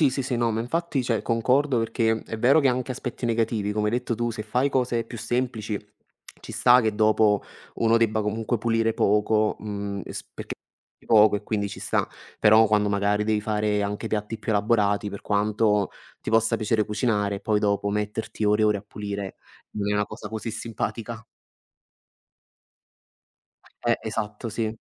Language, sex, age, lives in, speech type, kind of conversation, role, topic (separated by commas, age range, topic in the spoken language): Italian, male, 20-24, Italy, conversation, unstructured, none, Ti piace cucinare insieme a qualcuno e com’è di solito l’esperienza?